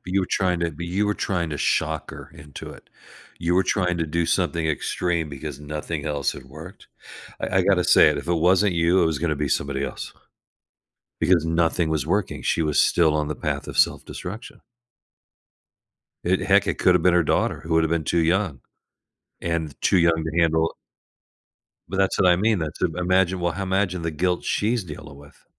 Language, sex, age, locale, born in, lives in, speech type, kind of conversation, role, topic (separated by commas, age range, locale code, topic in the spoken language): English, male, 65-69, en-US, United States, United States, conversation, advice, advisor, How can I apologize sincerely after saying something harsh?